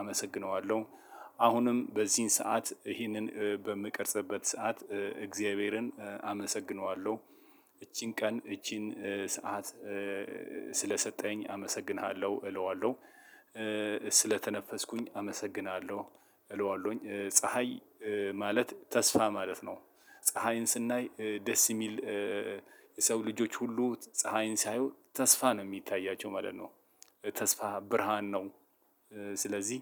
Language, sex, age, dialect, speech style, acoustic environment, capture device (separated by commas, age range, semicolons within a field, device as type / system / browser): Amharic, male, 35-44, Ethiopia - Addis Ababa, relaxed, silence, mobile / Linux / Chrome